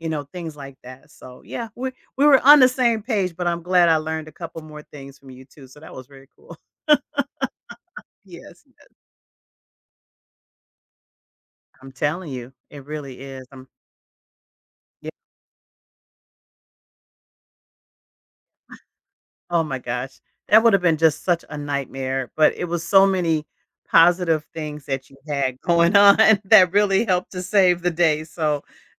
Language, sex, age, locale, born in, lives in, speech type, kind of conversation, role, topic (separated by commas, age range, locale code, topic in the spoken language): English, female, 55-59, en-US, United States, United States, conversation, podcast, host, How do you stay flexible and make the most of unexpected situations while traveling?